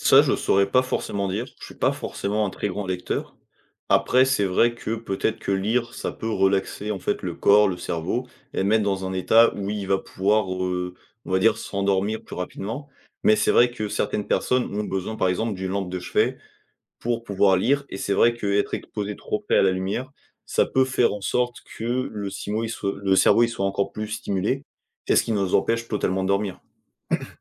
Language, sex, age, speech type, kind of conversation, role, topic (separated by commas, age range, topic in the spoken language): French, male, 20-24, conversation, podcast, guest, T’as des astuces pour mieux dormir malgré les écrans ?